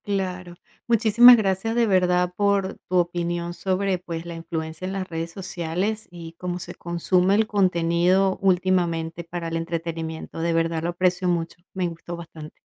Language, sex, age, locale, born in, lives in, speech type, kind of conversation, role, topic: Spanish, female, 45-49, es-VE, Venezuela, United States, conversation, podcast, host, ¿Qué opinas de la influencia de las redes sociales en nuestra forma de consumir entretenimiento?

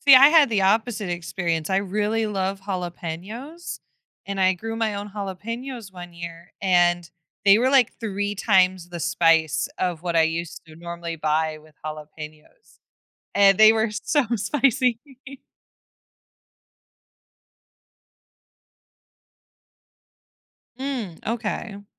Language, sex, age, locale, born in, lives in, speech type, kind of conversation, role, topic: English, female, 25-29, en-US, United States, United States, conversation, podcast, host, How does connecting with nature affect our overall well-being?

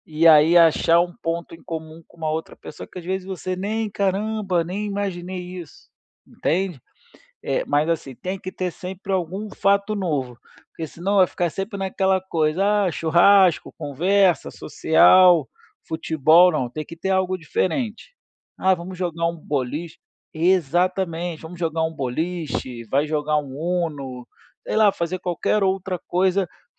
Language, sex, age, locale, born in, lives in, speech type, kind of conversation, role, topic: Portuguese, male, 35-39, pt-BR, Brazil, Spain, conversation, advice, advisor, Como posso equilibrar amizades com interesses e rotinas diferentes?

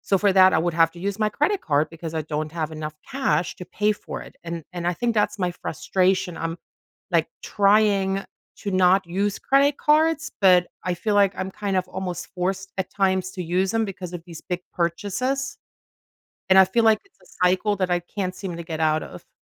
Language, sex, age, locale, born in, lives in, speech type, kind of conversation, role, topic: English, female, 45-49, en-DE, Germany, United States, conversation, advice, user, How can I stabilize my finances and cover essential bills after losing income?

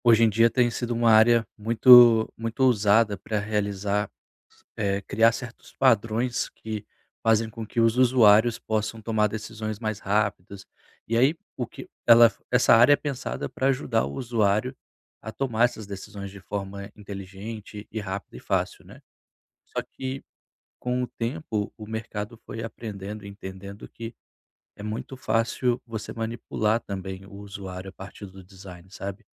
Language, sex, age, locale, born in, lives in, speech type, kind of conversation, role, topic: Portuguese, male, 30-34, pt-BR, Brazil, Portugal, conversation, advice, user, Como posso alinhar os meus valores pessoais ao meu trabalho atual sem perder estabilidade?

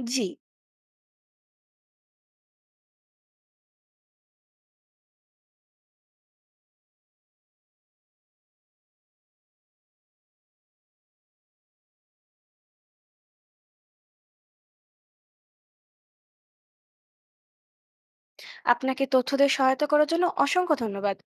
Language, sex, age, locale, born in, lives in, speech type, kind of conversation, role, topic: Bengali, female, 20-24, bn-BD, Bangladesh, Bangladesh, read, expert-assistant, asker, যদি ভবিষ্যতে এমন একটি ওষুধ আবিষ্কৃত হয়, যা দুঃখ বা উদ্বেগ একেবারে মুছে দিতে পারে, তাহলে মানুষ কি সত্যিই সুখী হবে, নাকি এতে অন্য সমস্যার সৃষ্টি হবে?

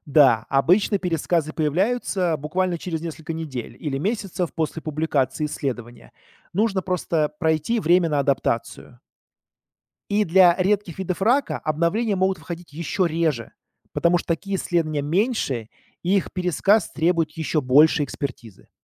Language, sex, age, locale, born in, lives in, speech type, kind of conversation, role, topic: Russian, male, 40-44, ru-RU, Russia, United States, read, expert-assistant, expert, Как понять, насколько достоверна информация о новых методах лечения, если её находишь в интернете?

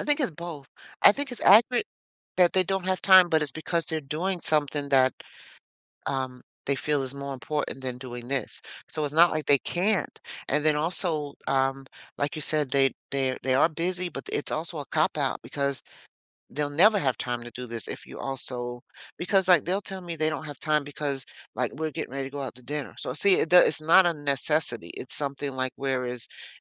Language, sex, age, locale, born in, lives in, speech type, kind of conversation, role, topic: English, female, 60-64, en-US, United States, United States, conversation, advice, user, How can I approach a difficult conversation?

